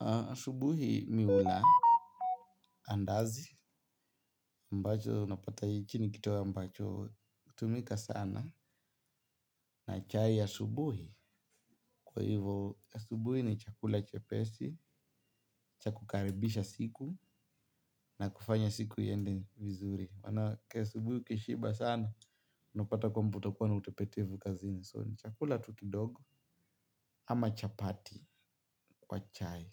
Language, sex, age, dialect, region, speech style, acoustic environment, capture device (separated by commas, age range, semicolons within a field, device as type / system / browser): Swahili, male, 18-24, Kenya - Nairobi Sheng-influenced, Kenya, happy, people talking, mobile / Linux / Chrome